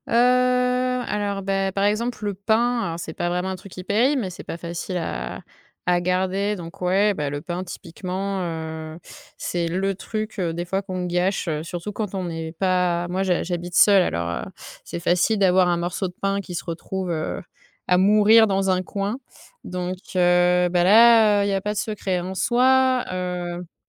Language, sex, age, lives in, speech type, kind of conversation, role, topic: French, female, 30-34, France, conversation, podcast, guest, Que fais-tu avec des restes pour en faire un bon repas ?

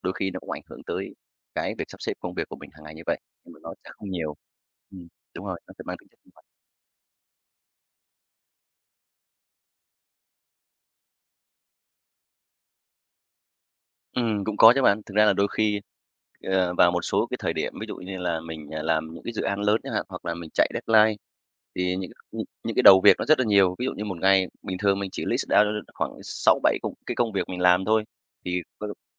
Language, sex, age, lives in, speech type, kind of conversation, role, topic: Vietnamese, male, 35-39, Vietnam, conversation, podcast, guest, Làm sao bạn thiết lập những quy tắc nhỏ để giữ kỷ luật bản thân?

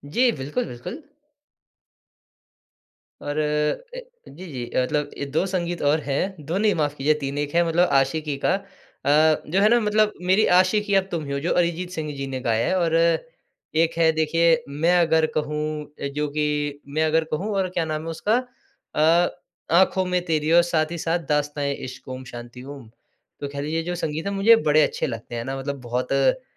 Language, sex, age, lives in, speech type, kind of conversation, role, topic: Hindi, male, 20-24, India, conversation, podcast, guest, किस फिल्मी गाने ने तुम्हें सबसे गहरा असर दिया?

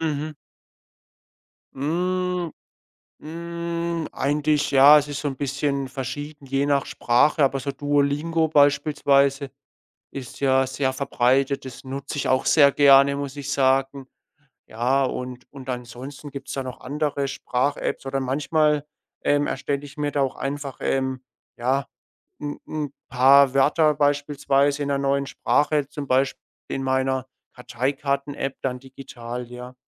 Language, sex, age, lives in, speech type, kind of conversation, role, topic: German, male, 25-29, Germany, conversation, podcast, guest, Wie nutzt du Technik, um effektiver zu lernen?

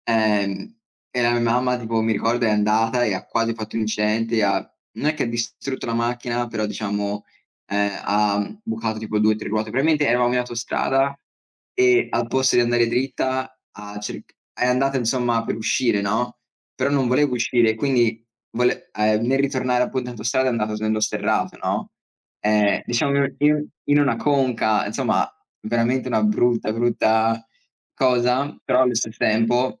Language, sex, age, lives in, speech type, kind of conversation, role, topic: Italian, male, 20-24, United States, conversation, unstructured, none, Ti piace pianificare tutto o preferisci viaggiare all’avventura?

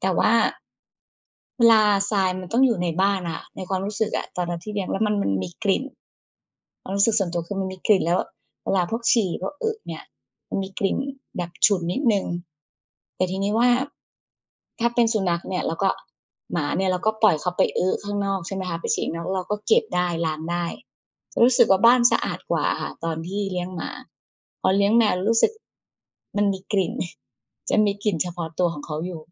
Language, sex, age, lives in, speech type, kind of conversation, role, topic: Thai, female, 40-44, Thailand, conversation, unstructured, none, เราควรเลือกสัตว์เลี้ยงอย่างไรให้เหมาะกับวิถีชีวิตของเรา?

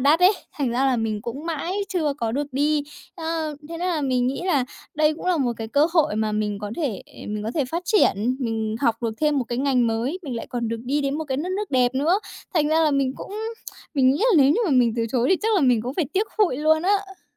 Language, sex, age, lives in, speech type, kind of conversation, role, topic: Vietnamese, female, 20-24, Japan, conversation, advice, user, Vì sao tôi sợ phải đưa ra những quyết định lớn trong cuộc sống?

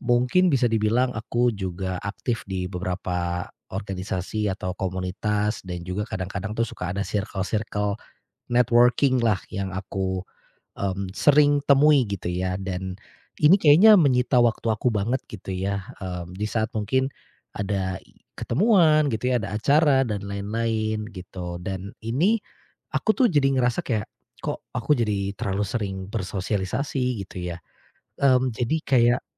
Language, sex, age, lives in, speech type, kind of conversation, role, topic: Indonesian, male, 35-39, Indonesia, conversation, advice, user, Bagaimana cara mengatasi terlalu banyak komitmen sampai waktu pribadimu habis?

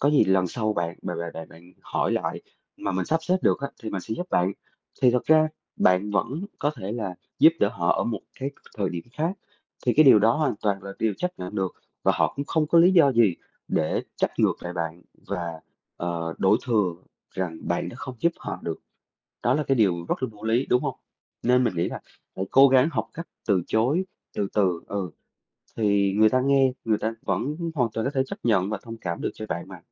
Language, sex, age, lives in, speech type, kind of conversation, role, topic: Vietnamese, male, 30-34, Vietnam, conversation, advice, advisor, Vì sao bạn luôn đặt người khác lên trước và hy sinh nhu cầu của bản thân?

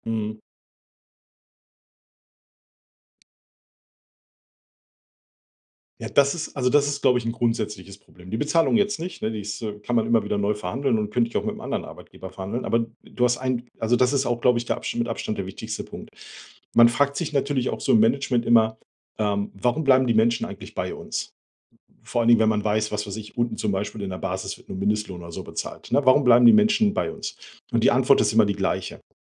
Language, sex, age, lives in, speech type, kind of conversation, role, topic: German, male, 45-49, Germany, conversation, advice, user, Wie finde ich in meinem aktuellen Job wieder Sinn und Erfüllung?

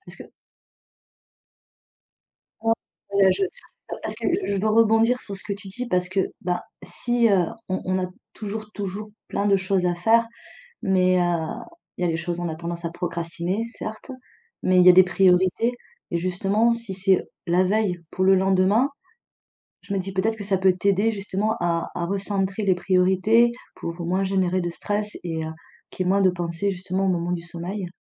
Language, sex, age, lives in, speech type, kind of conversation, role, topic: French, female, 40-44, France, conversation, advice, advisor, Quelles difficultés rencontres-tu pour t’endormir à cause du stress et de pensées répétitives ?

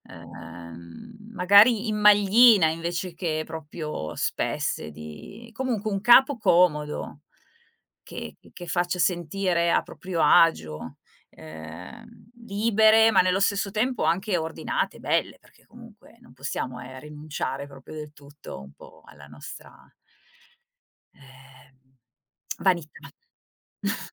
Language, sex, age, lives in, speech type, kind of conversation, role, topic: Italian, female, 45-49, Italy, conversation, podcast, guest, Pensi che avere meno oggetti possa renderti più felice nel tuo stile?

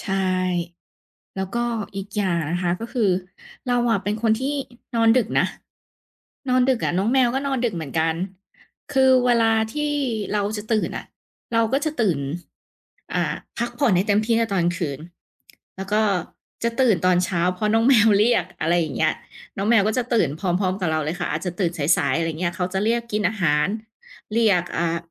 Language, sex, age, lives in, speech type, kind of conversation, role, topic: Thai, female, 30-34, Thailand, conversation, podcast, guest, คุณมีวิธีพักผ่อนยังไงให้รู้สึกเต็มพลังบ้าง?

